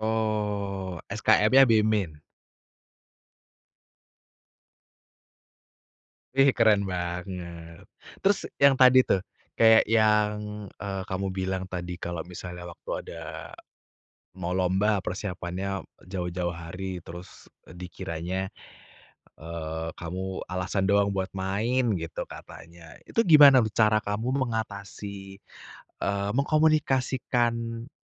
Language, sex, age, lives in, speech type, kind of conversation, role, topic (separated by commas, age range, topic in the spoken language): Indonesian, male, 30-34, Indonesia, conversation, podcast, host, Bagaimana cara menyelesaikan konflik keluarga tanpa membuat suasana menjadi tegang?